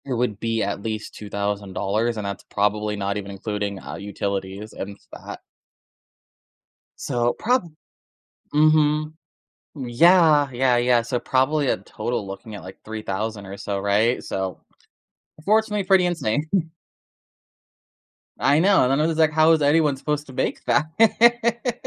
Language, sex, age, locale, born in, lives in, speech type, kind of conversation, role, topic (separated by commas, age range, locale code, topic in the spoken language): English, male, 25-29, en-US, United States, United States, conversation, advice, user, How can I balance work and family?